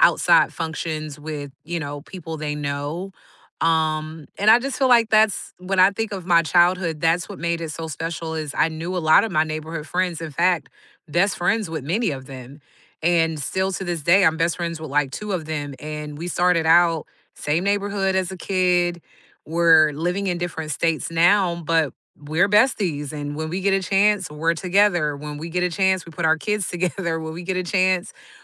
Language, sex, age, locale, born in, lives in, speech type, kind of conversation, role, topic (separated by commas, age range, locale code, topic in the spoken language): English, female, 40-44, en-US, United States, United States, conversation, unstructured, none, What makes a neighborhood feel like home to you?